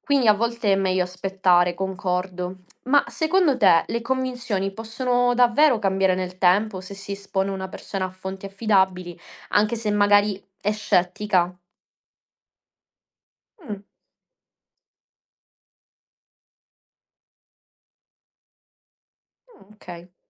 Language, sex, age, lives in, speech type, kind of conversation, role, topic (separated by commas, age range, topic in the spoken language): Italian, female, 25-29, Italy, read, expert-assistant, asker, Quando i dati sulla salute pubblica sembrano confusi, come facciamo a capire a chi credere?